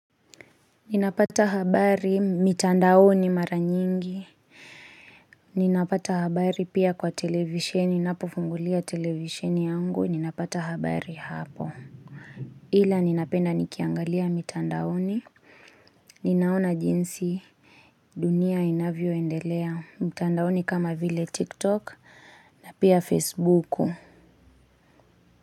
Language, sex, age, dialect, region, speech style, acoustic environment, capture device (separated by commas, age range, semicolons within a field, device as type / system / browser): Swahili, female, 25-34, Kenya - Kiambu, Kenya, focused, silence, mobile / Linux / Chrome